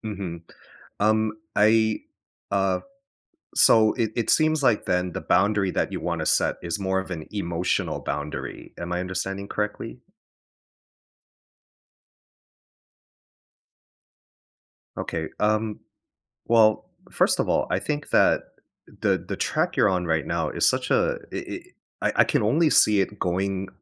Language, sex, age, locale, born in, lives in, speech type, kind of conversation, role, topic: English, male, 35-39, en-US, United States, United States, conversation, advice, advisor, How can I set boundaries with family members?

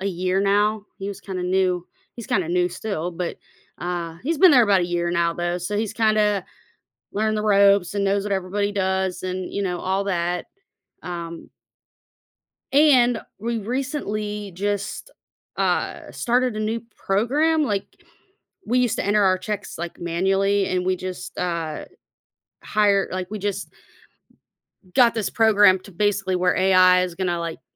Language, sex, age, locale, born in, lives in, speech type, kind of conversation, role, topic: English, female, 35-39, en-US, United States, United States, conversation, advice, user, How can I confidently ask my manager for a raise or promotion?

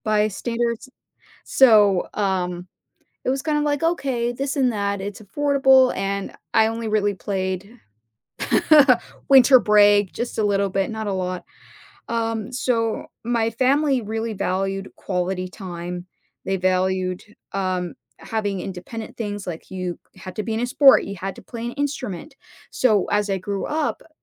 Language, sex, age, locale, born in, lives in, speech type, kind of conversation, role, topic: English, female, 30-34, en-US, United States, United States, conversation, podcast, guest, What are some effective ways to maintain meaningful relationships both online and in person?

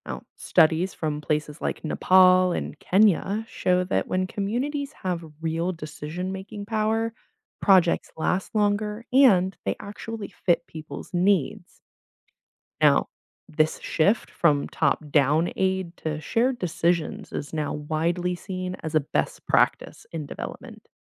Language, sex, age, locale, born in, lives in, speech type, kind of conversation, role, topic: English, female, 25-29, en-US, United States, United States, read, expert-assistant, expert, What are the main barriers and solutions in development economics?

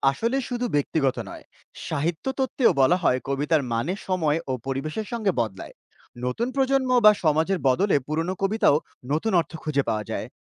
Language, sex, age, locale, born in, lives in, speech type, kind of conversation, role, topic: Bengali, male, 30-34, bn-BD, Bangladesh, Bangladesh, read, expert-assistant, expert, একই কবিতার ব্যাখ্যা দুইজন সমালোচক দুইভাবে দিলে, আমরা কীভাবে বুঝবো কার ব্যাখ্যাটা বেশি ঠিক?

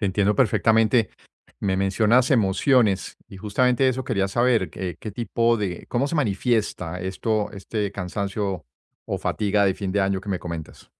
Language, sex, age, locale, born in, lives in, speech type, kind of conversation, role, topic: Spanish, male, 55-59, es-US, Colombia, United States, conversation, advice, advisor, ¿Cómo puedo saber si estoy agotado física o emocionalmente?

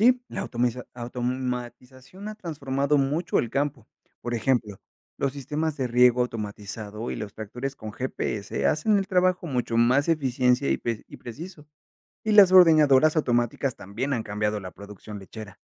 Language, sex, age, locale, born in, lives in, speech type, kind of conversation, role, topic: Spanish, male, 30-34, es-MX, Mexico, Mexico, read, expert-assistant, expert, ¿Cuál sería un buen ejemplo de cómo la automatización ha transformado una tarea agrícola tradicional?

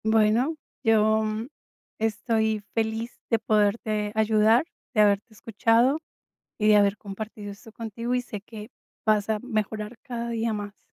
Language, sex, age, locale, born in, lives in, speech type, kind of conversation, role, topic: Spanish, female, 45-49, es-CO, Colombia, United States, conversation, advice, advisor, ¿Cómo puedo manejar mis emociones al envejecer y adaptarme a los cambios de rol?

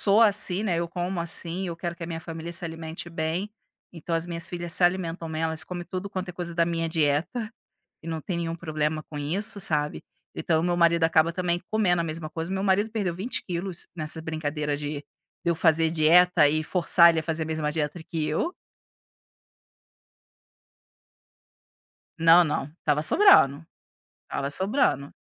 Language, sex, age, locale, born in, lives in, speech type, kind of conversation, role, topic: Portuguese, female, 40-44, pt-BR, Brazil, Italy, conversation, advice, user, Como você lida com a pressão social em festas para comer alimentos que você evita?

